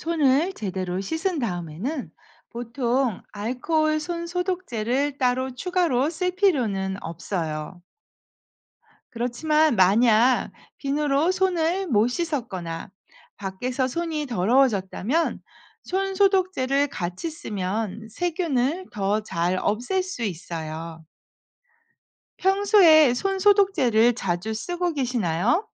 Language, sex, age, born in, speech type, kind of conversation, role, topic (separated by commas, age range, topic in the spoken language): Korean, female, 50-54, South Korea, read, expert-assistant, expert, 왜 비누로 손을 씻으면 깨끗해질까요?